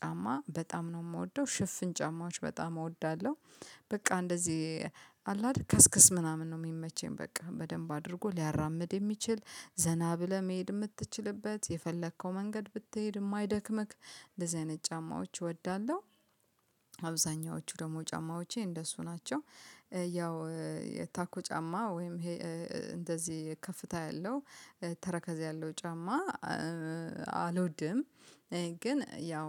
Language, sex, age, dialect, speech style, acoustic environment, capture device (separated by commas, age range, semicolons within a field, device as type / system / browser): Amharic, female, 35-44, Ethiopia - Addis Ababa, happy, nature, mobile / Linux / Chrome